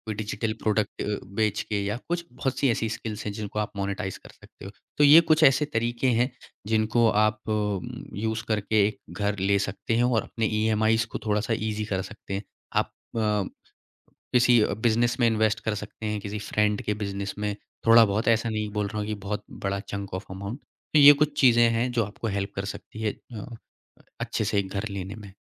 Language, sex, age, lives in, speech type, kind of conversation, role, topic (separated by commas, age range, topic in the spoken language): Hindi, male, 25-29, India, conversation, podcast, guest, Apna ghar kharidna ya kiraye par rehna—tumne kya chunaa aur kyun?